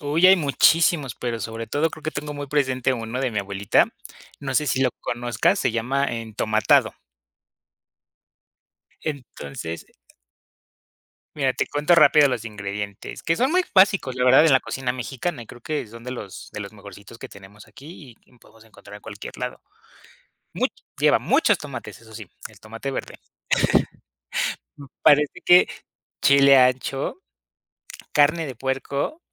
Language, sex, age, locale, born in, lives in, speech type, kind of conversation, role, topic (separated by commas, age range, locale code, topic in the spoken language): Spanish, male, 25-29, es-MX, Mexico, Mexico, conversation, podcast, guest, ¿Qué plato te recuerda a tu infancia?